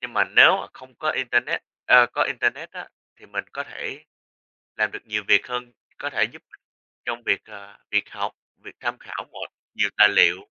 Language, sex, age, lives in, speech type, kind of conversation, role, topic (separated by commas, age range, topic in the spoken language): Vietnamese, male, 20-24, United States, conversation, unstructured, none, Bạn muốn sống mà không có điện thoại thông minh hay không có internet hơn?